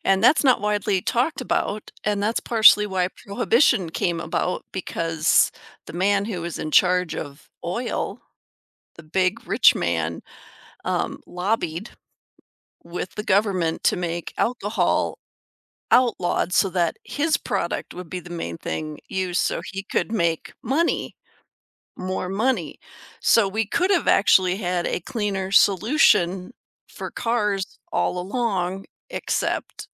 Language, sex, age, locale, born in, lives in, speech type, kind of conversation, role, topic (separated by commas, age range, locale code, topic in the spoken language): English, female, 50-54, en-US, United States, United States, conversation, podcast, host, How will climate change affect future generations?